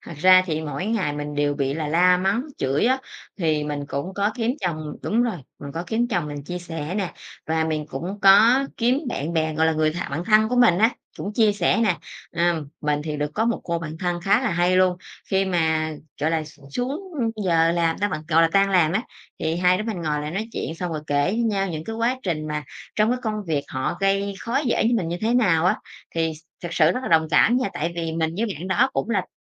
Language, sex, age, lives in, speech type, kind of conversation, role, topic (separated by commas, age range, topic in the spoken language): Vietnamese, female, 30-34, Vietnam, conversation, podcast, guest, Vì sao bạn quyết định rời bỏ một công việc ổn định?